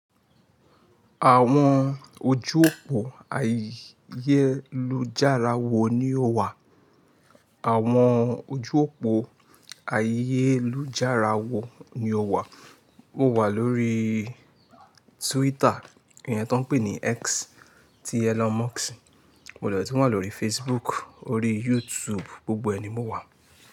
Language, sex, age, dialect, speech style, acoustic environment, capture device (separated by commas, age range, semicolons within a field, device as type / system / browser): Yoruba, male, 25-34, Nigeria - Lagos Yoruba, focused, nature, mobile / Linux / Chrome